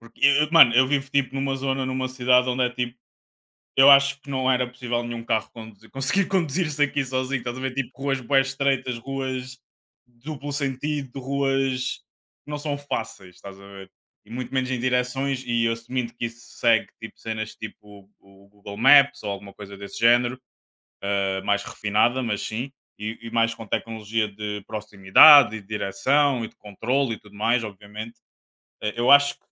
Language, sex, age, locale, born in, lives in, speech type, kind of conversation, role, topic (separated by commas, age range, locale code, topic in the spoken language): Portuguese, male, 25-29, pt-PT, Portugal, Portugal, conversation, unstructured, none, Você acha que os avanços tecnológicos trazem mais vantagens ou riscos?